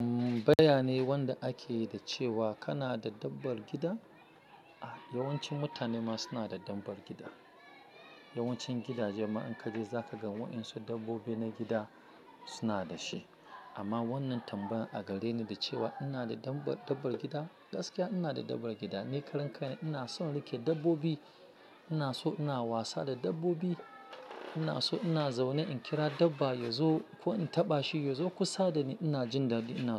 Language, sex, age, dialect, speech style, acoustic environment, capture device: Hausa, male, 35-44, Nigeria - Lagos Yoruba, focused, silence, mobile / Linux / Chrome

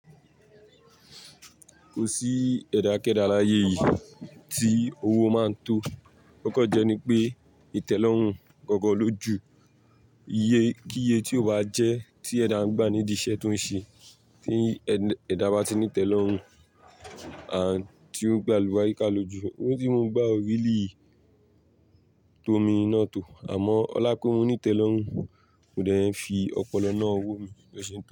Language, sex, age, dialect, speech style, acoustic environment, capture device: Yoruba, male, 18-24, Nigeria - Lagos Yoruba, happy, people talking, mobile / Linux / Chrome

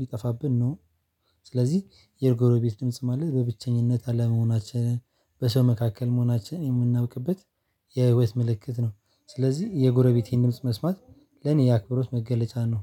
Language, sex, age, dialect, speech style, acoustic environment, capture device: Amharic, male, 18-24, Ethiopia - Addis Ababa, excited, silence, mobile / Linux / Chrome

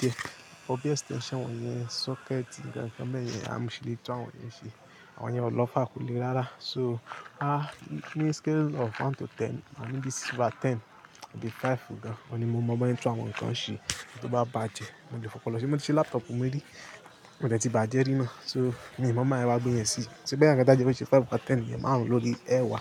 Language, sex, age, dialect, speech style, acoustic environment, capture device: Yoruba, male, 25-34, Nigeria - Lagos Yoruba, happy, music; construction; people talking, mobile / Linux / Chrome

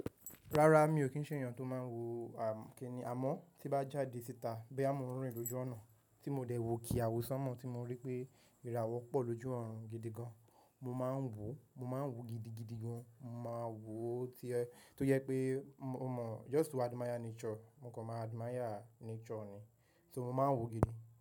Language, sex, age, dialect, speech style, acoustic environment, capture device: Yoruba, male, 18-24, Nigeria - Nigerian Standard, happy, nature, mobile / macOS / Safari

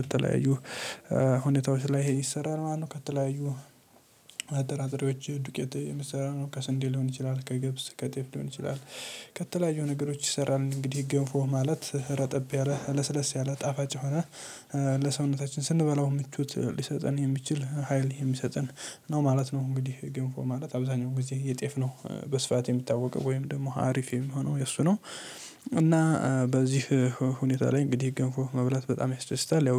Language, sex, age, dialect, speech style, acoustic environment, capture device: Amharic, male, 18-24, Ethiopia - Addis Ababa, happy; stressed, nature; silence, mobile / Linux / Chrome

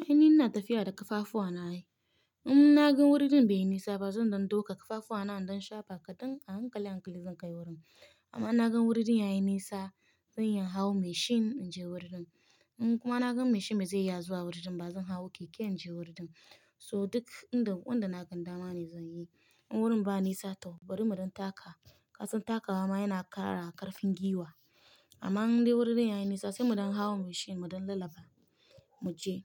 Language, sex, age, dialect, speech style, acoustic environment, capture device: Hausa, female, 25-34, Nigeria - Nigerian Standard, happy; relaxed; excited; focused, silence, mobile / Linux / Chrome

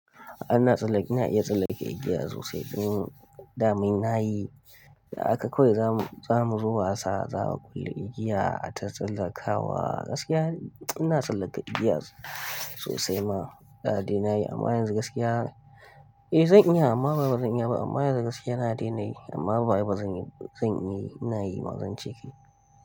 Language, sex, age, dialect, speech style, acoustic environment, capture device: Hausa, male, 18-24, Nigeria - Kano, happy, nature, mobile / Linux / Chrome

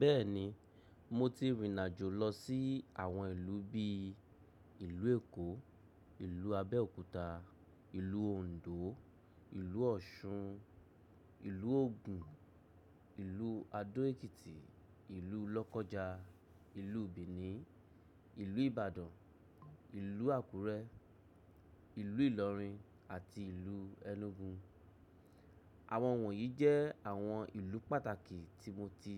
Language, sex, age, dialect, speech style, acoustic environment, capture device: Yoruba, male, 35-44, Nigeria - Lagos Yoruba, happy, appliances, mobile / macOS / Safari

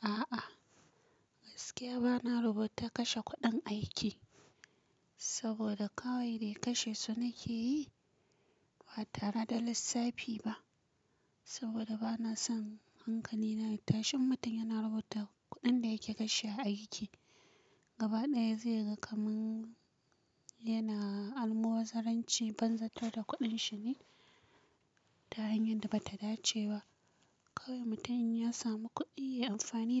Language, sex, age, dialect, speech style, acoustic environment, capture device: Hausa, female, 25-34, Nigeria - Kano, tired, silence, mobile / Linux / Chrome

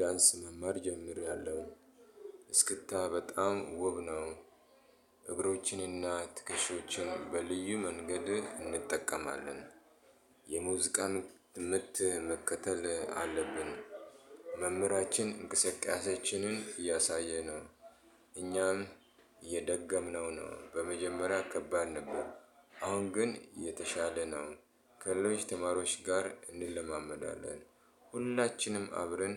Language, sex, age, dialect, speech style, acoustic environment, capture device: Amharic, male, 35-44, Ethiopia - Addis Ababa, happy; relaxed; excited; focused, appliances; people talking, mobile / Linux / Chrome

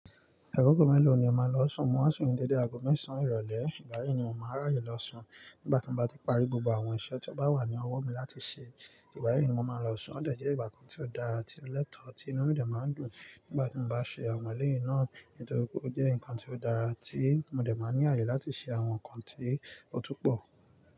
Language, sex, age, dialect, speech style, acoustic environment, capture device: Yoruba, male, 25-34, Nigeria - Nigerian Standard, relaxed, nature, mobile / Linux / Chrome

